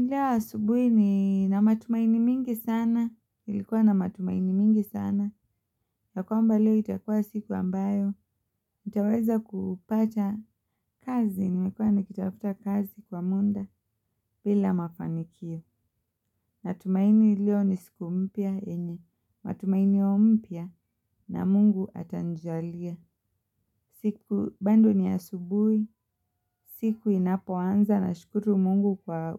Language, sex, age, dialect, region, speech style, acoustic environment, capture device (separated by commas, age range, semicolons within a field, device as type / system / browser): Swahili, female, 25-34, Kenya - Mombasa, Kenya, relaxed, silence, mobile / Linux / Chrome